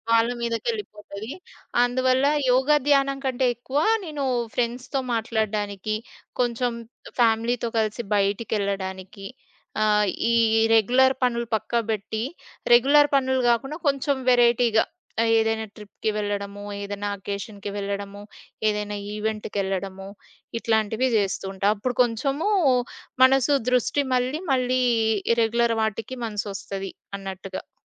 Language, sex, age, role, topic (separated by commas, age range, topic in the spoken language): Telugu, female, 30-34, guest, మోటివేషన్ తగ్గే సమయంలో మీరు ఏం చేస్తారు?